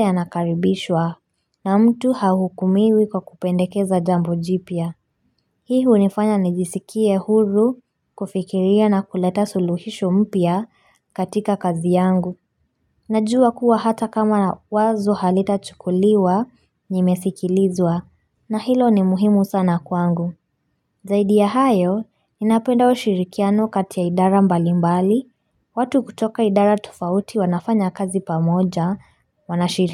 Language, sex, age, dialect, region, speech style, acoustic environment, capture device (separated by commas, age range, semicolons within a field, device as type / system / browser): Swahili, female, 25-34, Kenya - Mombasa, Kenya, focused; relaxed, silence, mobile / Linux / Chrome